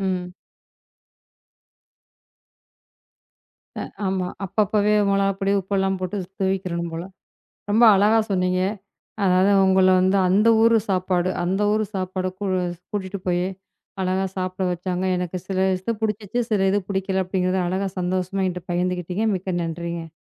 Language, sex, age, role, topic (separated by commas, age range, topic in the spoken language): Tamil, female, 35-39, host, அங்கே யாராவது உங்களை உள்ளூர் உணவு சாப்பிட அழைத்தார்களா?